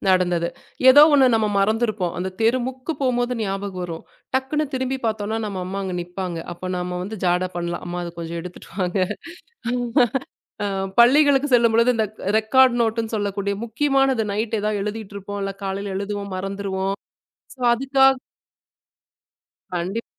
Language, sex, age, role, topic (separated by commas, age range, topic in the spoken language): Tamil, female, 35-39, guest, மரபு ஊக்கங்களை நவீன வாழ்க்கையில் கடைப்பிடிப்பது எவ்வளவு சுலபம்?